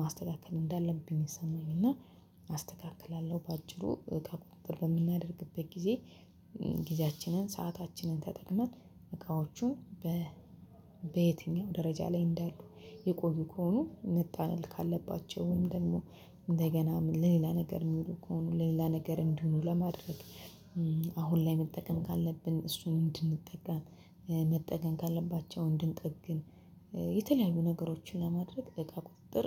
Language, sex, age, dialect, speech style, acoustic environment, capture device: Amharic, female, 25-34, Ethiopia - Addis Ababa, happy, silence, mobile / Linux / Chrome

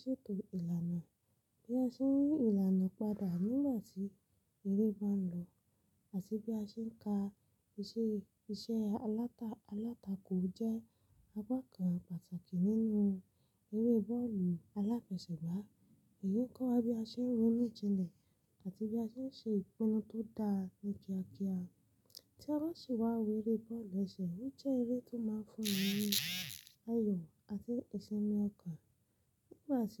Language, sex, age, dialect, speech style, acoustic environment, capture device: Yoruba, female, 25-34, Nigeria - Lagos Yoruba, happy, silence, mobile / Linux / Chrome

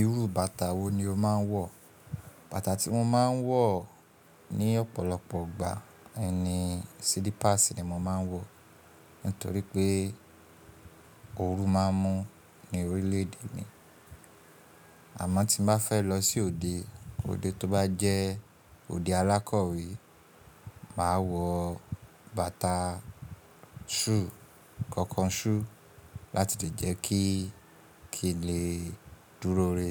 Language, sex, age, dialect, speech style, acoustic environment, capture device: Yoruba, male, 25-34, Nigeria - Ibadan, relaxed, silence, mobile / Linux / Chrome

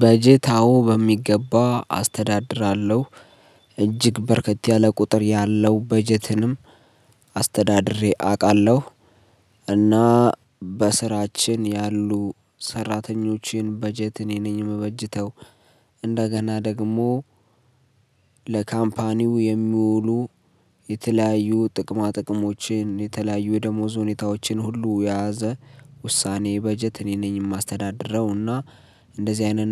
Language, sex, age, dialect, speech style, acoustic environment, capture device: Amharic, male, 25-34, Ethiopia - Addis Ababa, focused, silence, mobile / Linux / Chrome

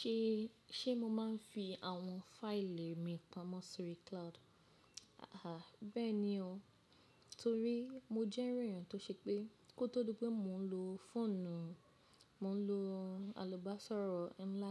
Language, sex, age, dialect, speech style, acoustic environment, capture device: Yoruba, female, 25-34, Nigeria - Lagos Yoruba, happy, people talking, mobile / Linux / Chrome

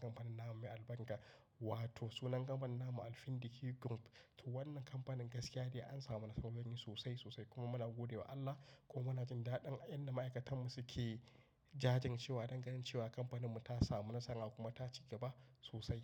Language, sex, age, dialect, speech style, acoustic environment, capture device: Hausa, male, 25-34, Nigeria - Kano, happy, silence; nature; appliances, mobile / macOS / Safari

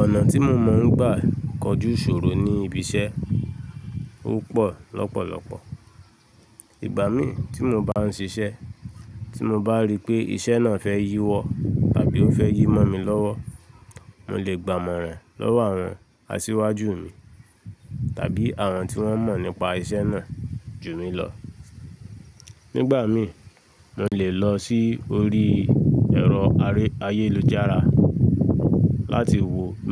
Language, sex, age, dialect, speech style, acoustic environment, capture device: Yoruba, male, 25-34, Nigeria - Lagos Yoruba, relaxed, people talking; nature; traffic, mobile / Linux / Chrome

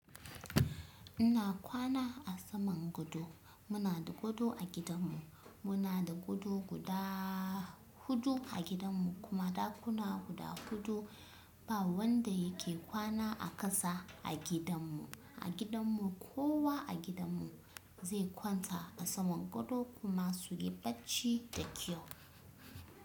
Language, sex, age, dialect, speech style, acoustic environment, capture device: Hausa, female, 25-34, Nigeria - Kano, relaxed, silence, desktop / Windows / Chrome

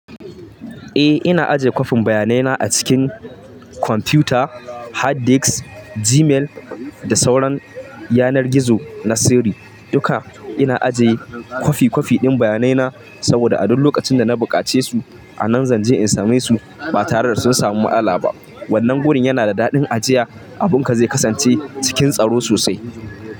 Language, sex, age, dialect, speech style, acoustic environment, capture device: Hausa, male, 25-34, Nigeria - Kano, happy, people talking, mobile / Linux / Chrome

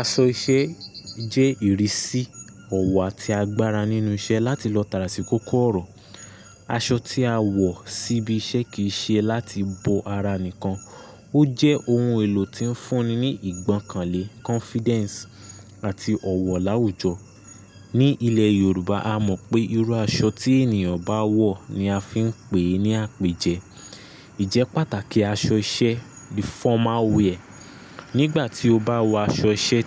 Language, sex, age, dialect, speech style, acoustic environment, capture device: Yoruba, male, 25-34, Nigeria - Nigerian Standard, focused, nature, mobile / Linux / Chrome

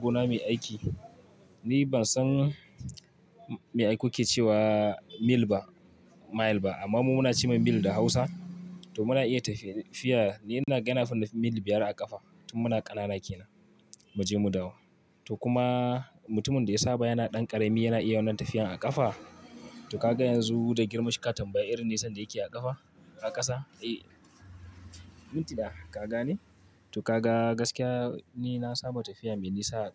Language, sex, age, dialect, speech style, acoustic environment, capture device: Hausa, male, 25-34, Nigeria - Kano, focused, traffic, mobile / Linux / Chrome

